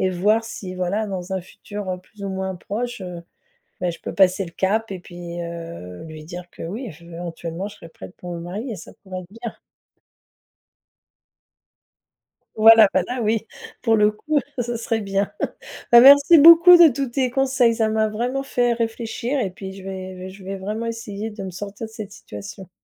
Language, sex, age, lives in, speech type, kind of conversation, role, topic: French, female, 50-54, France, conversation, advice, user, Devrais-je accepter cette demande en mariage maintenant ou attendre encore ?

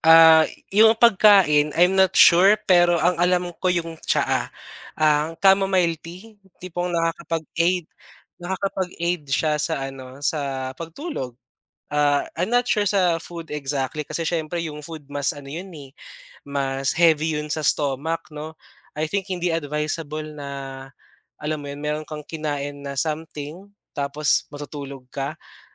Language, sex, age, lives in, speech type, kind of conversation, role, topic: Filipino, male, 25-29, Philippines, conversation, podcast, guest, Paano nakatutulong ang pagkain sa iyong lakas at pahinga?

